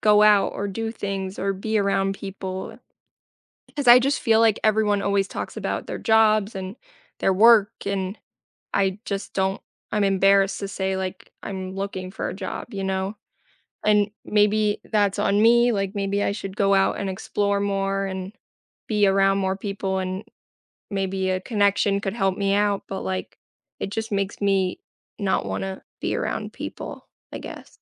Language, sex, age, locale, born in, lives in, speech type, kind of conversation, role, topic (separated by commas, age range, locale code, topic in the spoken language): English, female, 25-29, en-US, United States, United States, conversation, advice, user, How can I rebuild my career and find stable work after a job loss?